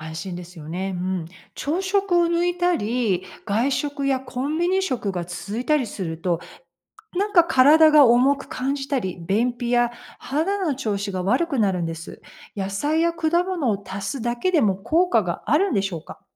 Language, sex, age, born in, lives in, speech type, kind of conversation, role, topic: Japanese, female, 55-59, United States, United States, read, expert-assistant, asker, 健康的な食生活について学ぶとき、信頼できる専門家や情報サイトはどこで探せますか？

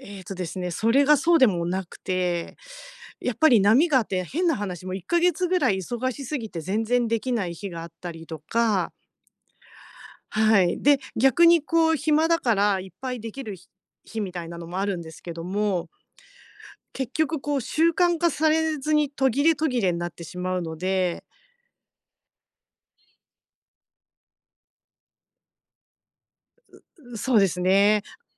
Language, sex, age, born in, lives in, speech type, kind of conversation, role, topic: Japanese, female, 50-54, Japan, Japan, conversation, advice, user, 趣味を毎日の習慣として続けるには、どうすればいいですか？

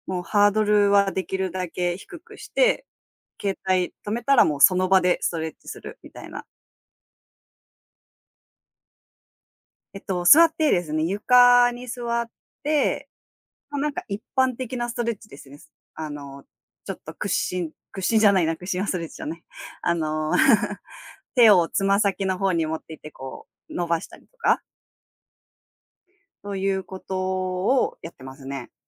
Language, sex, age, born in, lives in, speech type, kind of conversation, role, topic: Japanese, female, 30-34, Japan, Japan, conversation, podcast, guest, 朝のルーティンで生産性を上げるコツはありますか？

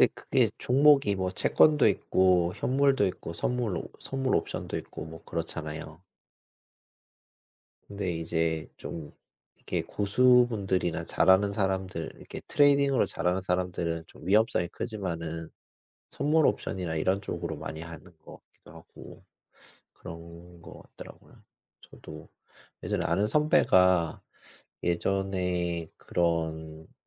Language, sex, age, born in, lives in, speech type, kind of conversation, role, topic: Korean, male, 40-44, South Korea, South Korea, conversation, unstructured, none, 투자를 시작할 때 가장 중요한 것은 무엇이라고 생각하시나요?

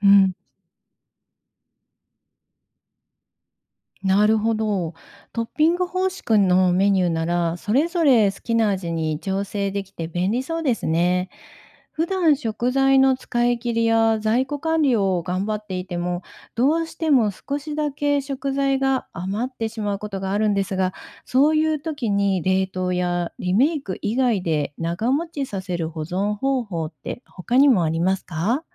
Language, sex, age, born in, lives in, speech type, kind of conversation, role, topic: Japanese, female, 55-59, Japan, Japan, read, expert-assistant, asker, 食材の無駄を減らし、賢く保存・使い切るにはどうすればいいですか？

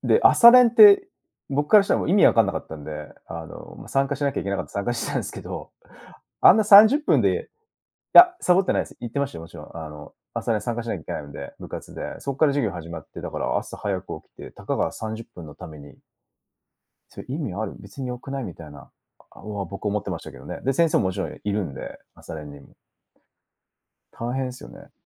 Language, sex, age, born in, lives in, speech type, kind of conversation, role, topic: Japanese, male, 35-39, Japan, Japan, conversation, podcast, host, 学校でメンタルヘルス対策として何が必要ですか？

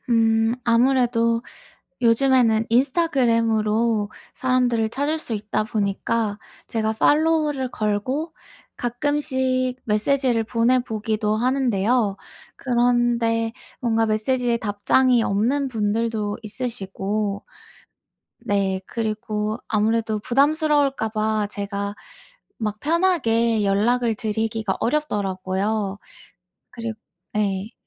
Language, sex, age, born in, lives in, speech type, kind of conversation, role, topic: Korean, female, 25-29, South Korea, United States, conversation, advice, user, 조직 내에서 네트워킹을 통해 관계를 효과적으로 구축하려면 어떻게 해야 하나요?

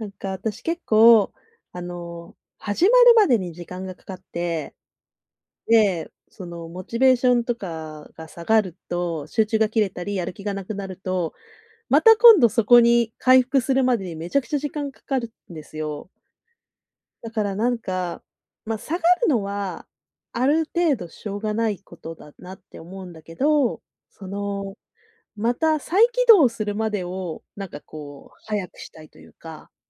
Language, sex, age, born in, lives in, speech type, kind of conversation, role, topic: Japanese, female, 40-44, Japan, Japan, conversation, advice, user, やる気が下がったとき、どうすればもう一度動き出せますか？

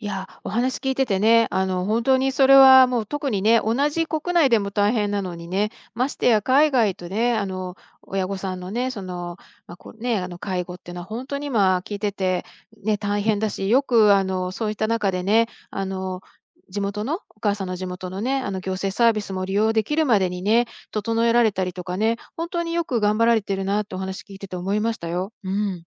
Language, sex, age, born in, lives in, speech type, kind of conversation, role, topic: Japanese, female, 55-59, Japan, United States, conversation, advice, advisor, 高齢の家族の介護と自分の生活を両立するうえで、どんな悩みがありますか？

